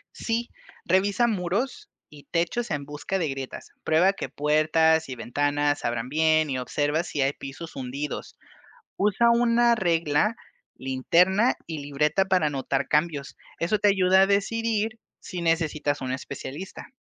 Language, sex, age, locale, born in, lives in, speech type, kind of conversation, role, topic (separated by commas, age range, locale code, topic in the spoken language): Spanish, male, 25-29, es-MX, Mexico, Mexico, read, expert-assistant, expert, ¿Cómo puedo proteger mi propiedad ante el riesgo de terremotos si vivo en una zona sísmica?